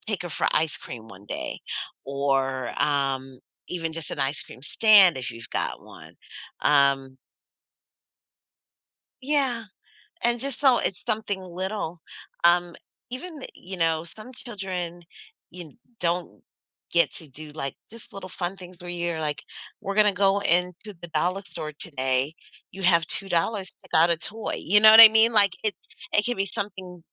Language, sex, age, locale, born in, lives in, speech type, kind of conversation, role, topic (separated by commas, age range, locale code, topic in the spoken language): English, female, 40-44, en-US, United States, United States, conversation, advice, advisor, How can I make my days more spontaneous?